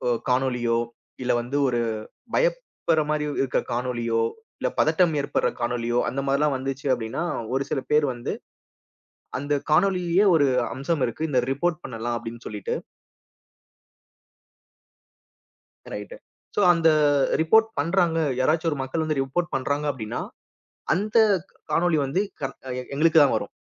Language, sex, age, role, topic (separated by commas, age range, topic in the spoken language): Tamil, male, 30-34, guest, பணியில் உள்ளமும் தர்க்கமும் மோதும் போது, நீங்கள் முதலில் எதை ஆராய்வீர்கள்?